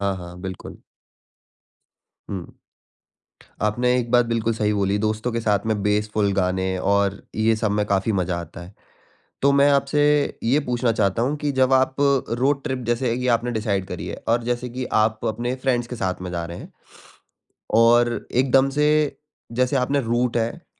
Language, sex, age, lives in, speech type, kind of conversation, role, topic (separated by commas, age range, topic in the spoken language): Hindi, male, 20-24, India, conversation, podcast, host, Roadtrip ke liye playlist curate karne ka tumhara process kya hota hai?